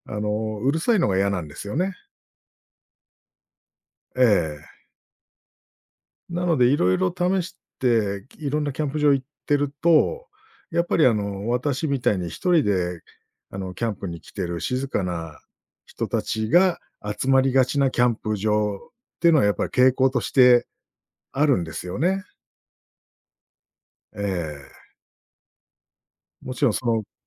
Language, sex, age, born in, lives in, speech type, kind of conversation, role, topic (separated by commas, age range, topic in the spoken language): Japanese, male, 45-49, Japan, Japan, conversation, podcast, guest, キャンプ場を選ぶとき、何をいちばん重視しますか？